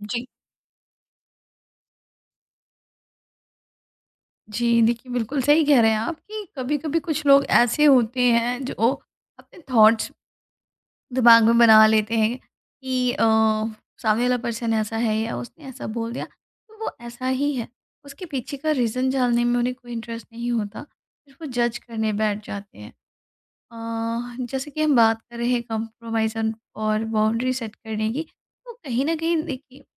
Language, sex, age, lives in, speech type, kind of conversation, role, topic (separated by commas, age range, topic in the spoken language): Hindi, female, 35-39, India, conversation, podcast, host, Kab compromise karna chahiye aur kab apni boundaries/limit set karni chahiye?